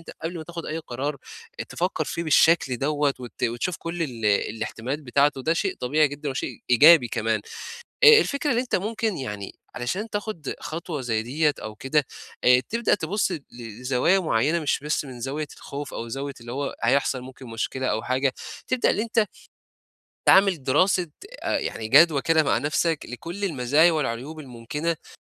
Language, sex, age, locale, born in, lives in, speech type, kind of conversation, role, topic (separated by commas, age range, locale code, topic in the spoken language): Arabic, male, 20-24, ar-EG, Egypt, Egypt, conversation, advice, advisor, إزاي أتغلب على التردد وأخد قرار؟